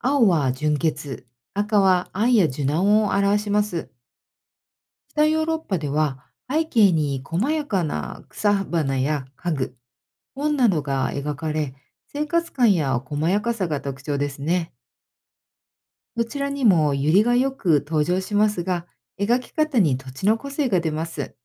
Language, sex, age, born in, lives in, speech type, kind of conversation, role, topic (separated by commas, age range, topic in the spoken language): Japanese, female, 50-54, Japan, United States, read, expert-assistant, expert, 宗教画の見方や象徴は時代や国でどのように違いますか？